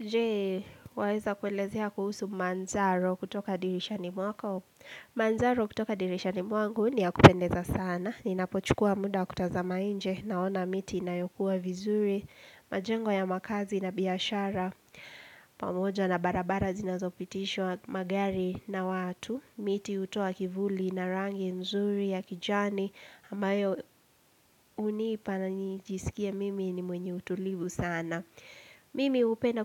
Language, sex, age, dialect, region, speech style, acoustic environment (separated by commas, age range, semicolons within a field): Swahili, female, 18-24, Kenya - Nairobi Sheng-influenced, Kenya, relaxed, silence